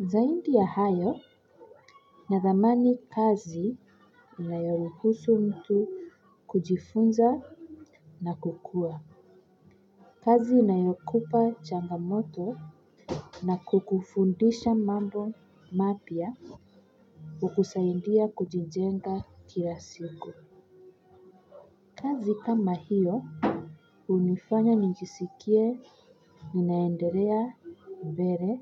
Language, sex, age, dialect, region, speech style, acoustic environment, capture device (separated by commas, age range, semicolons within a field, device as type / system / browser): Swahili, female, 25-34, Kenya - Nairobi Sheng-influenced, Kenya, relaxed, silence, mobile / Linux / Chrome